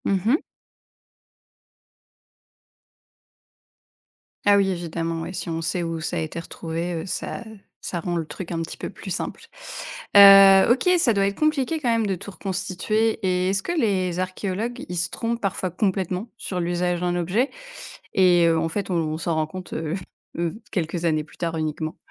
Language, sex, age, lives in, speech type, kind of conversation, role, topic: French, female, 35-39, France, read, expert-assistant, asker, Comment les archéologues découvrent-ils le sens des objets anciens ?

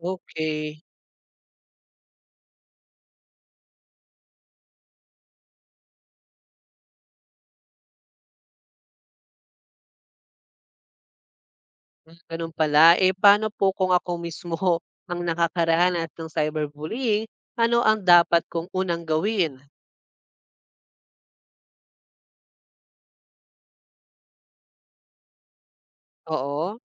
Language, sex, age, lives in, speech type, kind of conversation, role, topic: Filipino, male, 25-29, Philippines, read, expert-assistant, asker, Ano ang mga paraan upang maiwasan ang cyberbullying?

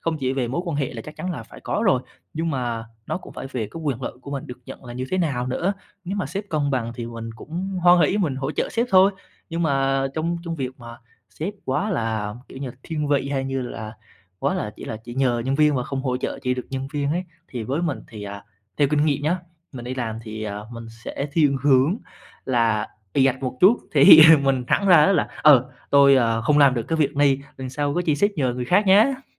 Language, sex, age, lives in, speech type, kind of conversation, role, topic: Vietnamese, male, 20-24, Vietnam, conversation, podcast, guest, Bạn nói “không” như thế nào để không cảm thấy tội lỗi?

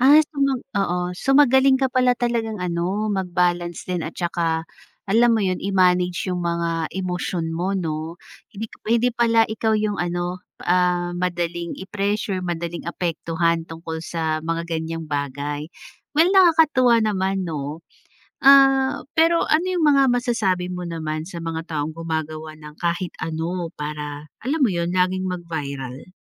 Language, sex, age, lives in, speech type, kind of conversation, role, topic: Filipino, female, 30-34, Philippines, conversation, podcast, host, Ano ang palagay mo sa mga viral na uso sa social media ngayon?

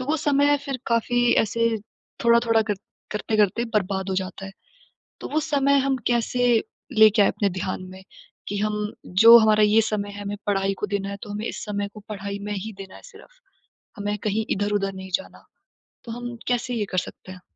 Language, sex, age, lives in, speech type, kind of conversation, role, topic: Hindi, female, 20-24, India, conversation, advice, user, डिजिटल सूचनाओं से ध्यान भटकना कम करने के लिए मैं क्या बदलाव कर सकता/सकती हूँ?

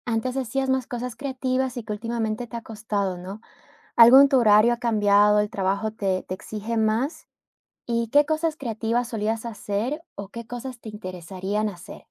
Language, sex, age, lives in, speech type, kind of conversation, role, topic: Spanish, female, 30-34, United States, conversation, advice, advisor, ¿Por qué no logro practicar mi creatividad a diario?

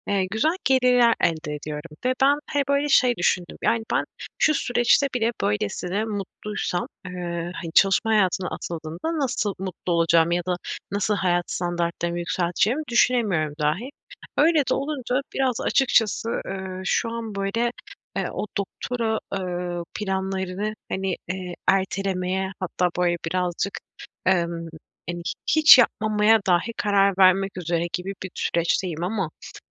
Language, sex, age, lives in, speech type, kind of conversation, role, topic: Turkish, female, 25-29, Poland, conversation, advice, user, Eğitim veya yeniden eğitime şimdi mi başlamalıyım, yoksa birkaç yıl beklemeli miyim?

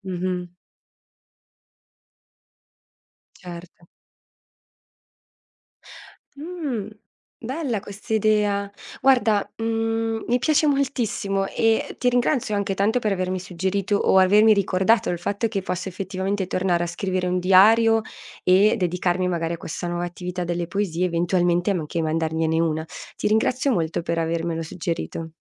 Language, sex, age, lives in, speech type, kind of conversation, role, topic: Italian, female, 30-34, Italy, conversation, advice, user, Come posso smettere di rimuginare sugli errori passati che mi impediscono di dormire?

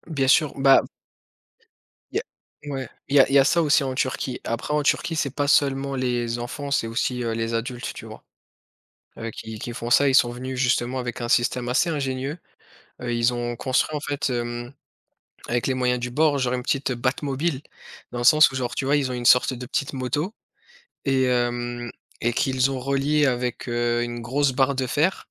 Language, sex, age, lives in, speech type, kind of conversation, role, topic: French, male, 30-34, France, conversation, unstructured, none, Si vous pouviez échanger votre place avec une célébrité pendant une journée, qui choisiriez-vous ?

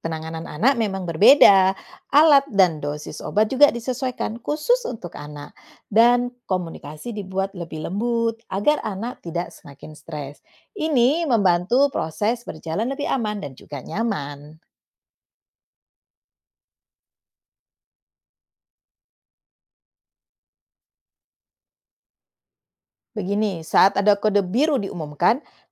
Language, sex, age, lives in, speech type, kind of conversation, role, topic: Indonesian, female, 45-49, Netherlands, read, expert-assistant, expert, Dalam situasi darurat di rumah sakit, bagaimana tim medis membuat keputusan cepat tentang urutan penanganan pasien?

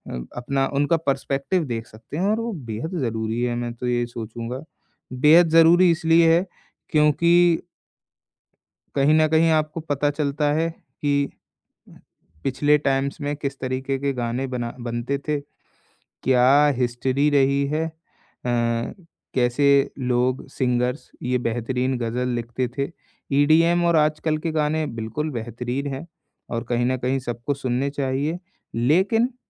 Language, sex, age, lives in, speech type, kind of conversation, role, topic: Hindi, male, 20-24, India, conversation, podcast, guest, Playlist banate time jab sabka music taste clash ho jaye, tum use kaise handle karte ho?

